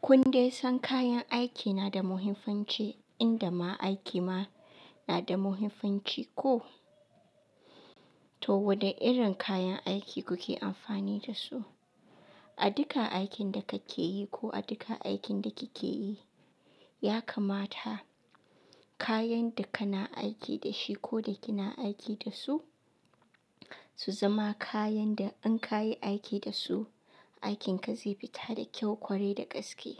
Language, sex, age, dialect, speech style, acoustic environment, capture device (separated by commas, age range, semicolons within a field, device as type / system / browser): Hausa, female, 25-34, Nigeria - Katsina, happy; relaxed, nature, mobile / Linux / Chrome